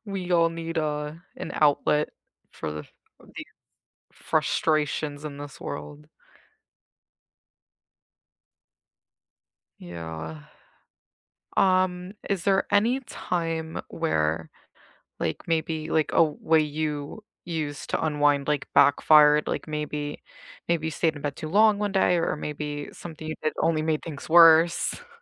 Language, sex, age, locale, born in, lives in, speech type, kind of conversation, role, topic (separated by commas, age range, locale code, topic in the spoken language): English, female, 30-34, en-US, United States, United States, conversation, unstructured, none, How do you like to unwind after a long day?